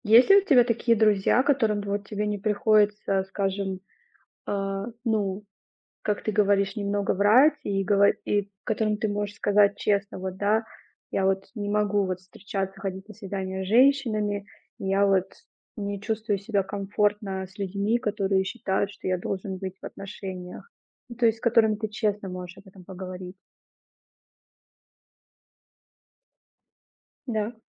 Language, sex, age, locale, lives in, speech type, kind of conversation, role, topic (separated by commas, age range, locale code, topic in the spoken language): Russian, female, 30-34, ru-RU, Germany, conversation, advice, advisor, Как справиться со страхом остаться одному и перестать избегать свиданий?